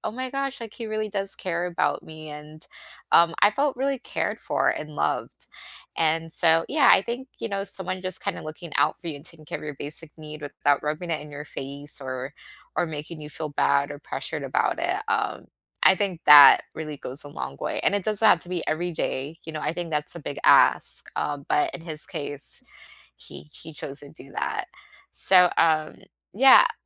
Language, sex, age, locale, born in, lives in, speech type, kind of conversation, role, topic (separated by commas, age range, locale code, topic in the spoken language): English, female, 25-29, en-US, United States, United States, conversation, unstructured, none, What small gestures make you feel most appreciated?